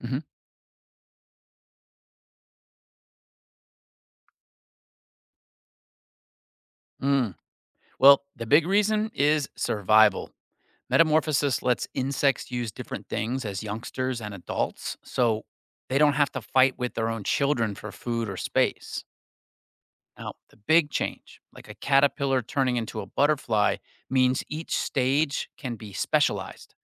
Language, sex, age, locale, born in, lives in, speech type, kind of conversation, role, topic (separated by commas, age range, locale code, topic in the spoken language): English, male, 45-49, en-US, United States, United States, read, expert-assistant, expert, Why do some insects undergo metamorphosis?